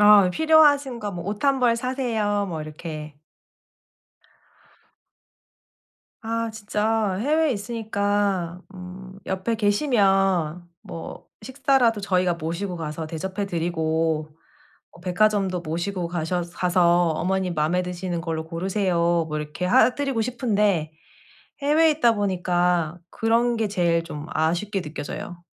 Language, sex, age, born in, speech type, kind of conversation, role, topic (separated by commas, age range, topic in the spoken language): Korean, female, 35-39, South Korea, conversation, advice, user, 선물 고르기: 누구에게나 맞는 아이디어